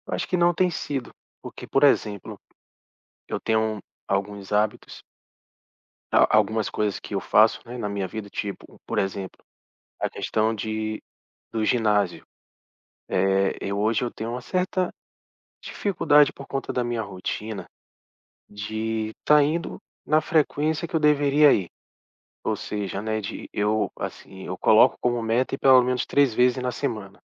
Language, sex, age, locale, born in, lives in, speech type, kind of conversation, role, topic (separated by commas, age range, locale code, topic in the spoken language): Portuguese, male, 40-44, pt-BR, Brazil, Portugal, conversation, advice, user, Como posso acompanhar e medir o progresso dos meus hábitos?